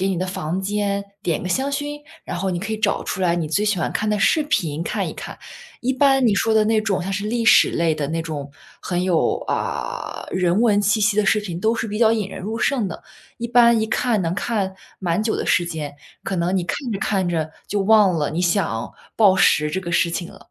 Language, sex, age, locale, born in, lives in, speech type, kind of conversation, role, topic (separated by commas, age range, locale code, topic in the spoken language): Chinese, female, 25-29, zh-CN, China, United States, conversation, advice, advisor, 情绪低落时总想暴饮暴食，我该如何控制这种情绪性进食的冲动？